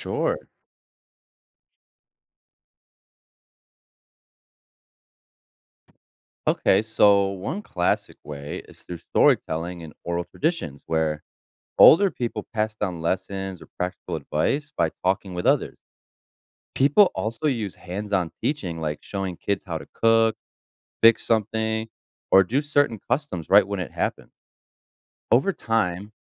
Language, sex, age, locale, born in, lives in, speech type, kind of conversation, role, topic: English, male, 30-34, en-US, United States, United States, read, expert-assistant, expert, How is knowledge shared between generations?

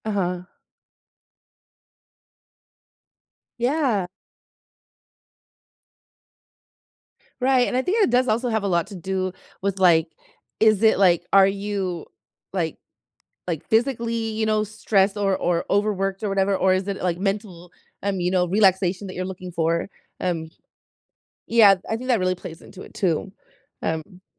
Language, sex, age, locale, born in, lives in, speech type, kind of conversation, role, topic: English, female, 35-39, en-US, United States, United States, conversation, unstructured, none, What are some ways people find comfort and unwind after a busy day?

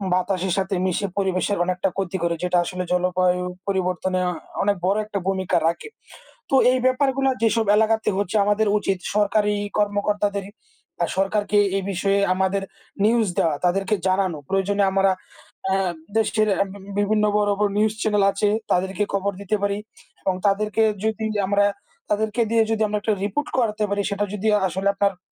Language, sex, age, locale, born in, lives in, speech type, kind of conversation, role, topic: Bengali, male, 20-24, bn-BD, Bangladesh, Bangladesh, conversation, unstructured, none, পৃথিবীর জলবায়ু পরিবর্তন সম্পর্কে আপনার মতামত কী?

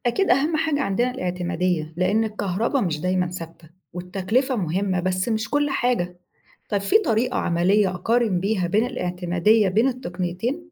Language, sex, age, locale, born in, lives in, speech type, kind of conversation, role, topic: Arabic, female, 35-39, ar-EG, Egypt, Egypt, read, expert-assistant, asker, إزاي أقدر أتابع وأقارن بين تقنيات إنتاج الأكل التقليدية والجديدة في العالم، وأعرف إيه المناسب لبلدنا؟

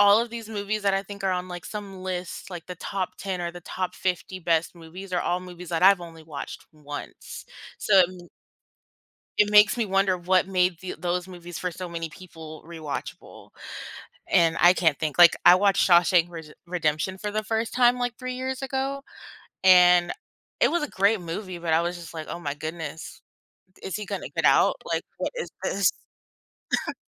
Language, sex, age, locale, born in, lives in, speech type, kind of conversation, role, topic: English, female, 25-29, en-US, United States, United States, conversation, unstructured, none, Why do some movies stay enjoyable no matter how many times you watch them?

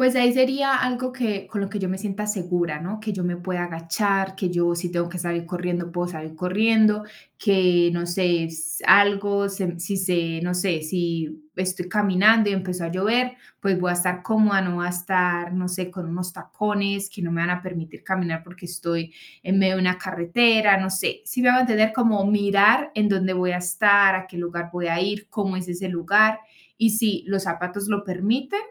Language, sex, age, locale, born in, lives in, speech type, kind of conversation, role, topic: Spanish, female, 25-29, es-CO, Colombia, Spain, conversation, podcast, guest, ¿Cómo describirías tu estilo personal?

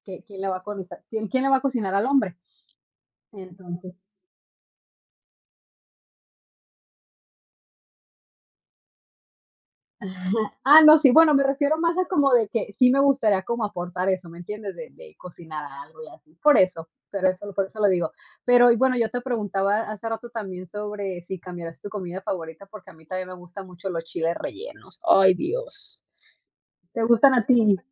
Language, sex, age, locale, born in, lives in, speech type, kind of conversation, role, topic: Spanish, female, 30-34, es-MX, Mexico, United States, conversation, unstructured, none, ¿Cuál es tu comida favorita y por qué te gusta tanto?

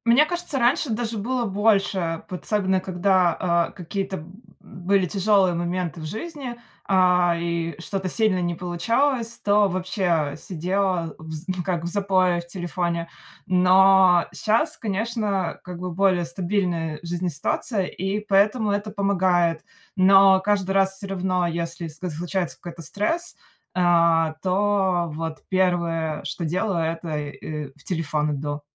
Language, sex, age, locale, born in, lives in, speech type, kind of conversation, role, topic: Russian, female, 30-34, ru-RU, Russia, United States, conversation, advice, user, Как постоянные отвлечения на телефон мешают вам доводить задачи до конца?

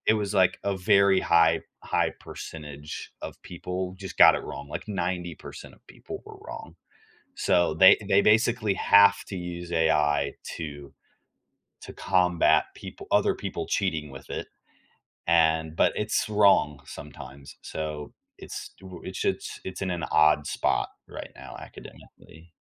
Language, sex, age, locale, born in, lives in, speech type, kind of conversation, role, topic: English, male, 18-19, en-US, United States, United States, conversation, podcast, guest, In what ways is technology transforming the way we teach and learn?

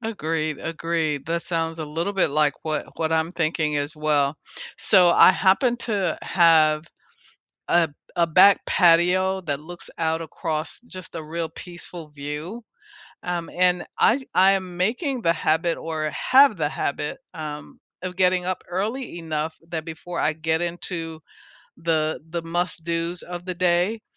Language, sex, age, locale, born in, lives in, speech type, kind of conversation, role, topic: English, female, 65-69, en-US, United States, United States, conversation, unstructured, none, Which daily routines shape your day and help you feel connected to yourself and others?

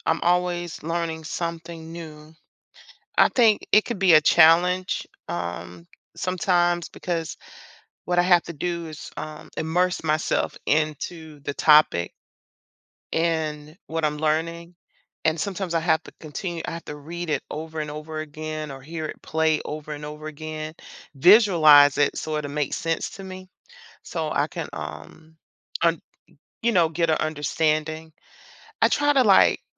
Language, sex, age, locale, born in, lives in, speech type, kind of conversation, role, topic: English, female, 50-54, en-US, United States, United States, conversation, unstructured, none, What is your biggest takeaway from learning something new recently?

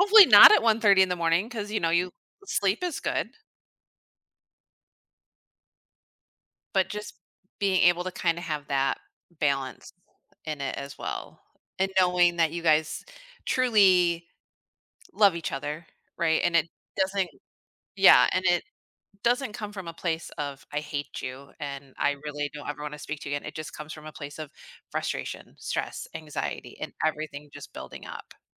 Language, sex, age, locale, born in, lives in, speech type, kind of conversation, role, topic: English, female, 40-44, en-US, United States, United States, conversation, advice, advisor, How can I manage anxiety about starting high school?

